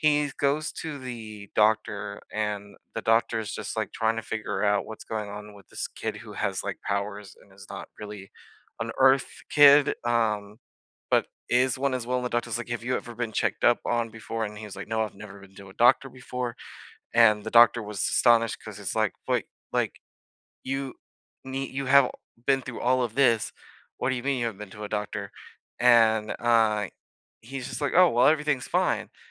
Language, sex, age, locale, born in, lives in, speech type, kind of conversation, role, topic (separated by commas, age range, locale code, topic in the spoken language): English, male, 25-29, en-US, United States, United States, conversation, unstructured, none, Which comfort shows do you rewatch on repeat, and what feelings and memories keep you coming back?